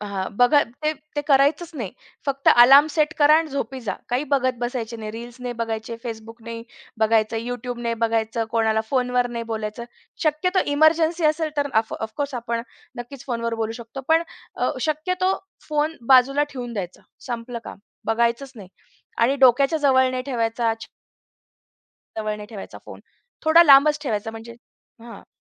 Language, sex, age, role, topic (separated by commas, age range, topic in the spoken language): Marathi, female, 35-39, guest, चांगली झोप येण्यासाठी ध्यान कसे वापरता?